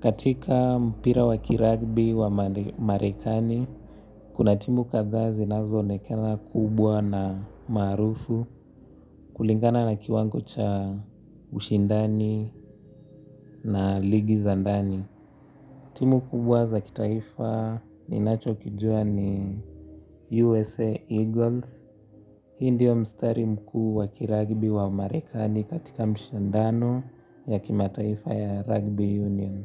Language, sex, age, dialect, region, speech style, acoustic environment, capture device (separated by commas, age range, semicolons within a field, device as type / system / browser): Swahili, male, 18-24, Kenya - Nairobi Sheng-influenced, Kenya, happy; relaxed; focused, nature; silence; traffic; music, mobile / Linux / Chrome